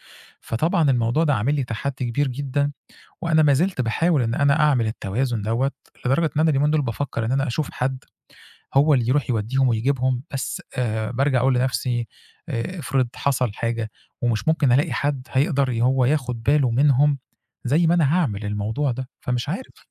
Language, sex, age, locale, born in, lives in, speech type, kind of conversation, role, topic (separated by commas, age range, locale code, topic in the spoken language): Arabic, male, 40-44, ar-EG, Egypt, Egypt, conversation, advice, user, ازاي أوازن بين رعايتي لحد من العيلة وبين وقتي الشخصي وشغلي؟